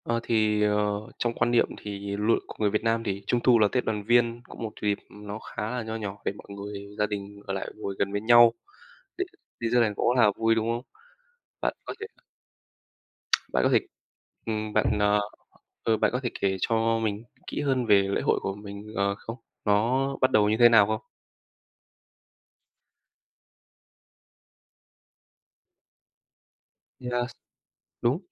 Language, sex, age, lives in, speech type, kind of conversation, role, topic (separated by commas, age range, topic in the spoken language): Vietnamese, male, 20-24, Vietnam, conversation, podcast, host, Bạn có thể kể về một lễ hội địa phương mà bạn từng tham gia không?